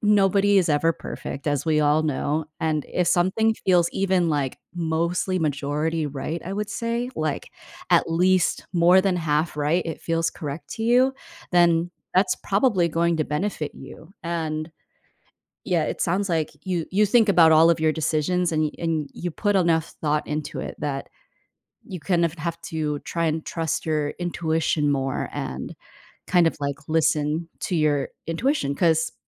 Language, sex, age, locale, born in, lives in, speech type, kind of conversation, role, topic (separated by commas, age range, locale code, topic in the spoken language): English, female, 25-29, en-US, United States, United States, conversation, advice, advisor, How can I decide on a major choice when I'm feeling unsure?